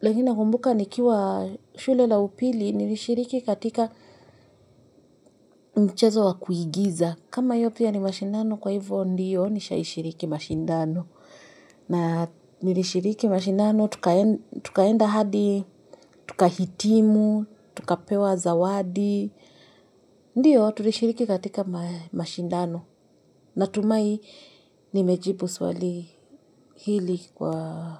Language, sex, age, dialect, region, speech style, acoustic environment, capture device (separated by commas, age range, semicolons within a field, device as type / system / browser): Swahili, female, 25-34, Kenya - Nairobi Sheng-influenced, Kenya, happy, silence, mobile / Linux / Chrome